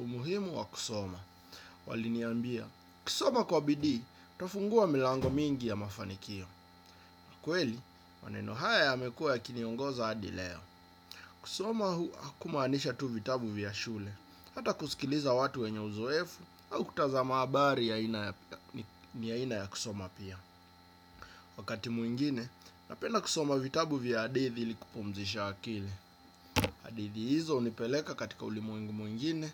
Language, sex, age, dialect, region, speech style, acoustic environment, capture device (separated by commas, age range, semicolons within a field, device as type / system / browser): Swahili, male, 25-34, Kenya - Nairobi Sheng-influenced, Kenya, relaxed, nature, desktop / Windows / Chrome